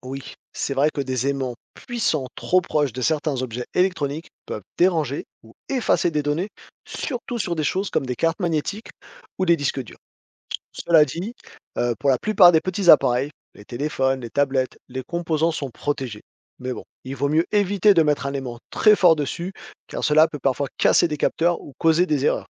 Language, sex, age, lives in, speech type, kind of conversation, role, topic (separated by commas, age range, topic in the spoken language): French, male, 40-44, France, read, expert-assistant, expert, Comment les aimants attirent-ils certains objets ?